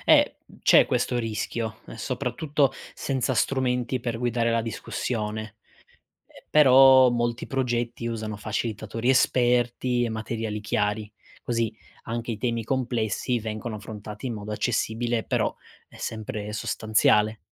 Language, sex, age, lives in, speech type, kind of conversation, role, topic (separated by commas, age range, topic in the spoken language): Italian, male, 25-29, Italy, read, expert-assistant, expert, Quando si parla di 'astensionismo elettorale', che cosa si intende e quali motivi possono portare a non votare?